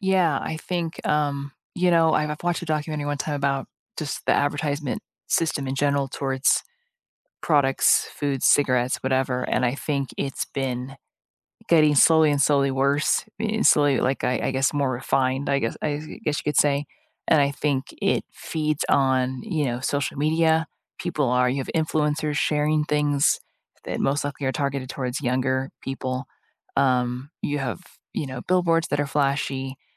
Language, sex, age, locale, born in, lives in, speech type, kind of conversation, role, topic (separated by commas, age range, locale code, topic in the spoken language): English, female, 40-44, en-US, United States, United States, conversation, unstructured, none, Do you think food advertising targets kids unfairly?